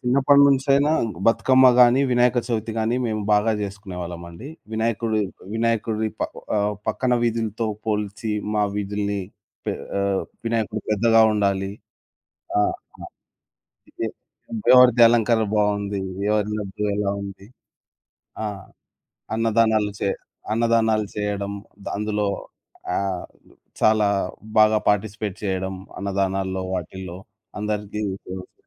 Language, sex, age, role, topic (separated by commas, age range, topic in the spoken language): Telugu, male, 25-29, guest, పండుగలను మీరు ఇంట్లో ఎలా జరుపుకుంటారు?